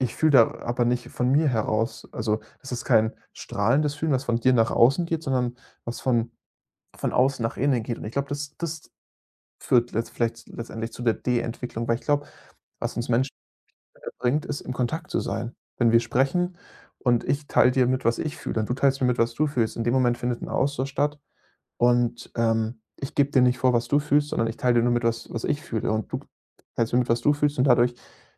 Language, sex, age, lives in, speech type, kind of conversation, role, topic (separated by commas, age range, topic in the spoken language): German, male, 25-29, Germany, conversation, podcast, guest, Was machst du, wenn dich zu viele Optionen überfordern?